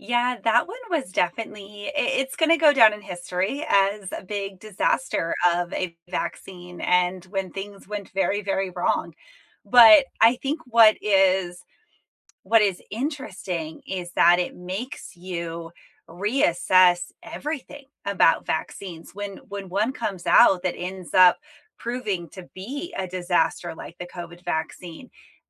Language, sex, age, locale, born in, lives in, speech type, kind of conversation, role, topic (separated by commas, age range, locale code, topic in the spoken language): English, female, 35-39, en-US, United States, United States, conversation, unstructured, none, What is a historical event that changed the world for the better?